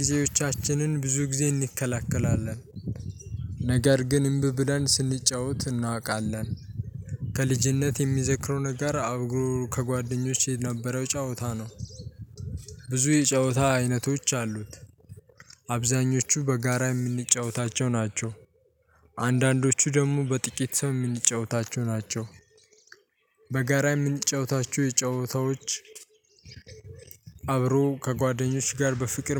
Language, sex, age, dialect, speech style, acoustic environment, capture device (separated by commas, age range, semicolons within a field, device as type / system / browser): Amharic, male, 18-24, Ethiopia - Addis Ababa, happy, silence, mobile / Linux / Chrome